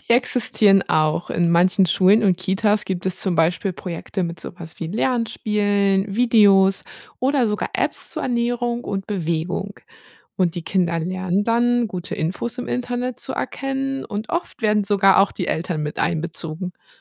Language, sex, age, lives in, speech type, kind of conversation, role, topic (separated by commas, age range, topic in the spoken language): German, female, 30-34, Germany, read, expert-assistant, expert, Wie verbessern digitale Angebote die medizinische Versorgung von Kindern in Stadt und Land?